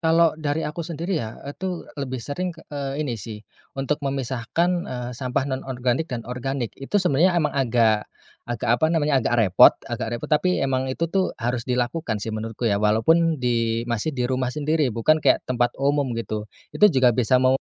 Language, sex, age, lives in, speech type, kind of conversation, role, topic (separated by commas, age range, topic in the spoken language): Indonesian, male, 30-34, Indonesia, conversation, podcast, guest, Bagaimana cara kita menjaga sungai agar tidak tercemar oleh aktivitas sehari-hari?